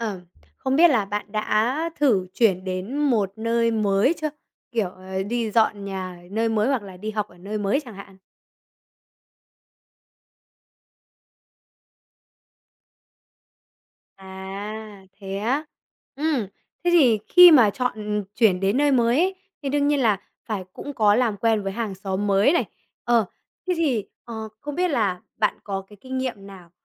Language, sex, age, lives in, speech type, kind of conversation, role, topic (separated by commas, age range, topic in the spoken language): Vietnamese, male, 20-24, Vietnam, conversation, podcast, host, Làm sao để kết nối với hàng xóm dễ dàng hơn?